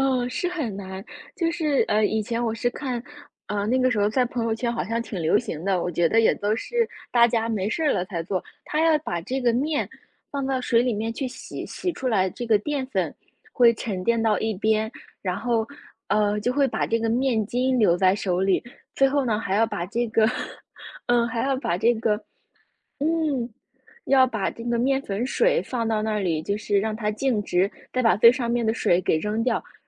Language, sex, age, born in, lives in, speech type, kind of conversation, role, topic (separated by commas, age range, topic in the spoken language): Chinese, female, 25-29, China, United States, conversation, unstructured, none, 你有没有尝试过用新的食材做菜？